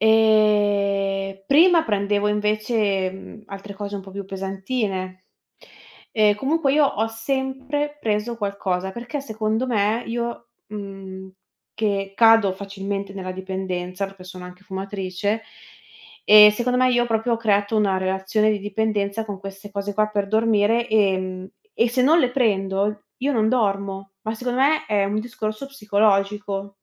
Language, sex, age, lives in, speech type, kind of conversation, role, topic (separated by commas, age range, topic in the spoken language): Italian, female, 30-34, Italy, conversation, advice, user, Come descriveresti la tua dipendenza da sonniferi o integratori per dormire?